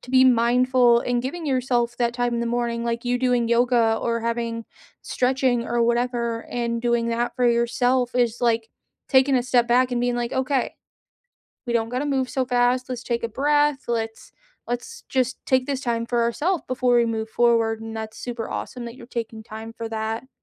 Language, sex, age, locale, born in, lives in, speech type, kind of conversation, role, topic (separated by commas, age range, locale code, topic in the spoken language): English, female, 30-34, en-US, United States, United States, conversation, unstructured, none, What small habits brighten your morning routine, and when do they help or hinder?